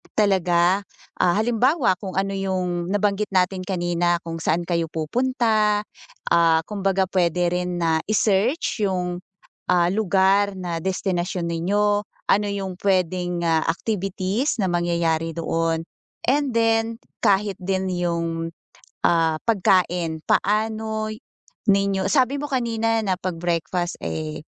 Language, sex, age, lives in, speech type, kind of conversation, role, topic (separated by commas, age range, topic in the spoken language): Filipino, female, 40-44, Philippines, conversation, advice, advisor, Paano ako makakapagpahinga nang tunay nang hindi masyadong nagpaplano?